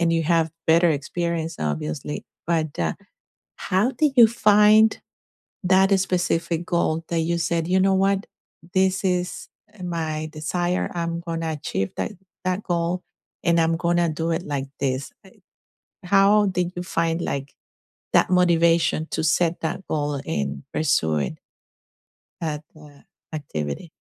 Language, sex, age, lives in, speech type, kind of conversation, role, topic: English, female, 50-54, United States, conversation, unstructured, none, Do you prefer working out alone or doing group activities, and why does that choice suit you?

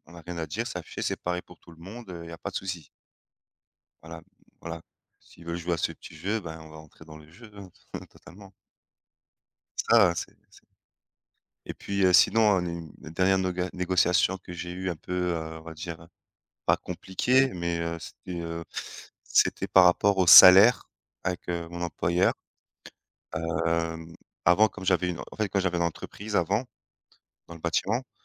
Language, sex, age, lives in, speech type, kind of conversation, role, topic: French, male, 30-34, France, conversation, unstructured, none, Quelle est la pire expérience que tu aies vécue lors d’une négociation ?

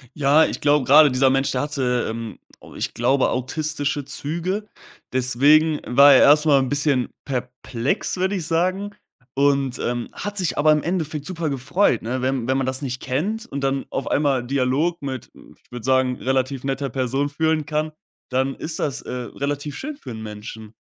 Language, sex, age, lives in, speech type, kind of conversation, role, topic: German, male, 20-24, Germany, conversation, podcast, guest, Wie kannst du jemandem das Gefühl geben, dazuzugehören?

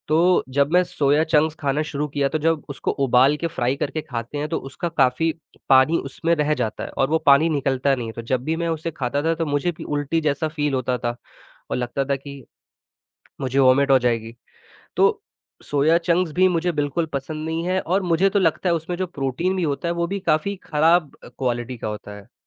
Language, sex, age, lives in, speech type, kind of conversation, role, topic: Hindi, male, 18-19, India, conversation, unstructured, none, आपको कौन-सा अजीब भोजन बिल्कुल पसंद नहीं है?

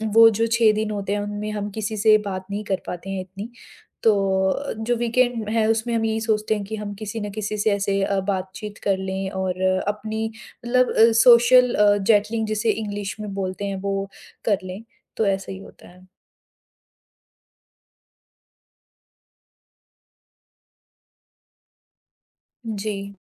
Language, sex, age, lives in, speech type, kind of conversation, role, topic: Hindi, female, 40-44, India, conversation, advice, user, वीकेंड पर देर तक सोना और वीकडे पर संघर्ष (सोशल जेटलैग)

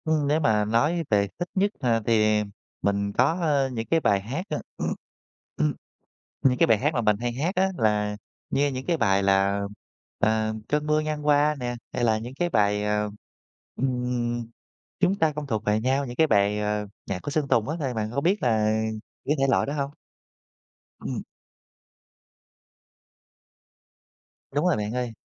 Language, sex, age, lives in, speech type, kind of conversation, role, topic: Vietnamese, male, 30-34, Vietnam, conversation, podcast, guest, Bạn nghĩ âm nhạc ảnh hưởng đến cảm xúc hằng ngày của bạn như thế nào?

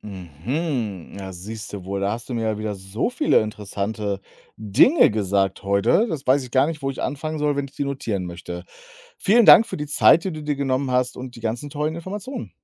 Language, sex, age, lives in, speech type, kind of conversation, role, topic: German, male, 35-39, Germany, read, expert-assistant, asker, Wie könnten wir neue Wege finden, das Leben im Ozean besser zu schützen und zu erforschen?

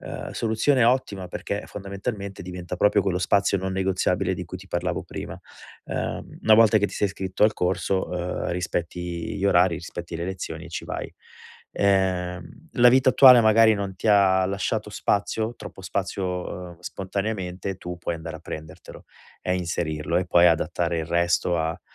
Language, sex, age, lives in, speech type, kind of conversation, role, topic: Italian, male, 40-44, Italy, conversation, advice, advisor, Come posso bilanciare gli impegni quotidiani con una pratica creativa costante ogni settimana?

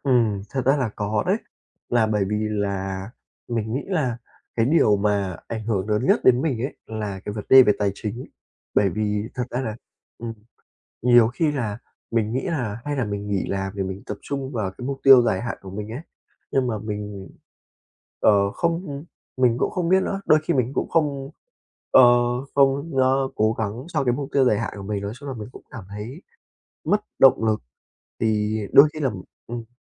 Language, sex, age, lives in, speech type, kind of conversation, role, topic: Vietnamese, male, 20-24, Vietnam, conversation, advice, user, Làm sao để tìm lại động lực dài hạn và biết mình nên nỗ lực vì điều gì?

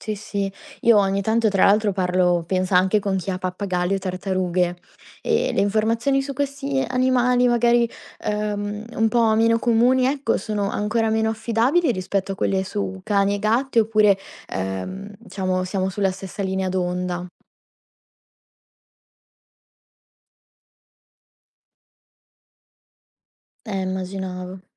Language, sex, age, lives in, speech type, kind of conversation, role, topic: Italian, female, 20-24, Italy, read, expert-assistant, asker, Come posso capire se una curiosità sugli animali domestici è affidabile o solo una diceria?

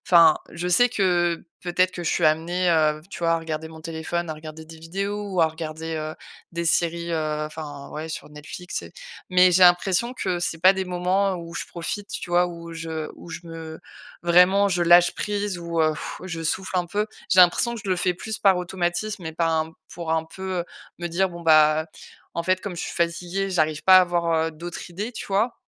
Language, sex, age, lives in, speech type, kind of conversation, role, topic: French, female, 35-39, France, conversation, advice, user, Pourquoi est-ce si difficile de me détendre chez moi le soir après ma journée ?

